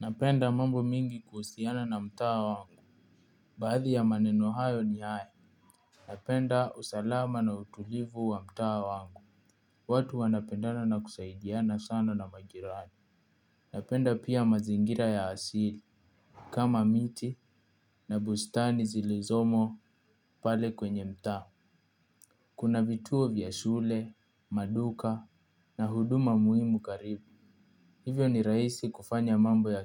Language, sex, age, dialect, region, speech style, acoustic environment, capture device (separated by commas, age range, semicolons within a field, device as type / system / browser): Swahili, male, 18-24, Kenya - Nairobi Sheng-influenced, Kenya, focused, appliances, mobile / Linux / Chrome